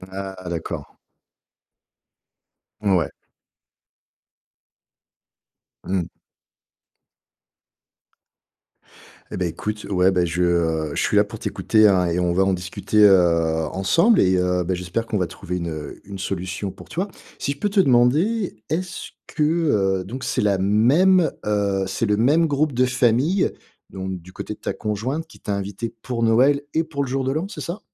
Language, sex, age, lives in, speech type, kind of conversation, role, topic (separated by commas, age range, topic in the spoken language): French, male, 50-54, France, conversation, advice, advisor, Comment refuser poliment une invitation sans blesser mes amis ou ma famille ?